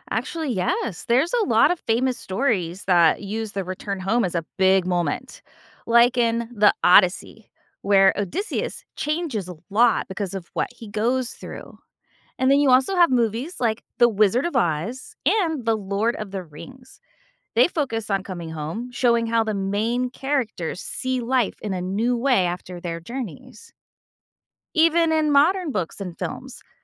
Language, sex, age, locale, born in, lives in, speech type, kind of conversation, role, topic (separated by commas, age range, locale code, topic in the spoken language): English, female, 45-49, en-US, United States, United States, read, expert-assistant, expert, How do cultures celebrate completing a long journey?